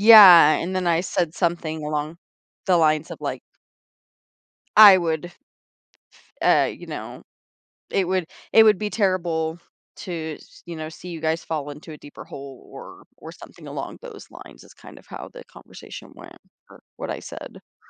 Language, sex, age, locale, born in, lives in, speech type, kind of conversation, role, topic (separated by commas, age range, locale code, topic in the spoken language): English, female, 25-29, en-US, United States, United States, conversation, advice, user, How can I resolve a fight with a close friend?